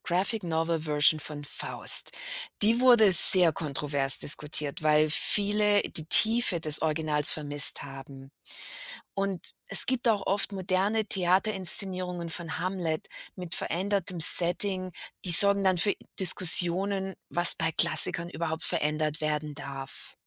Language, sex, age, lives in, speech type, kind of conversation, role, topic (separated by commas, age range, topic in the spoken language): German, female, 50-54, United States, read, expert-assistant, expert, Was macht ein Buch eigentlich zu einem Klassiker der Literatur?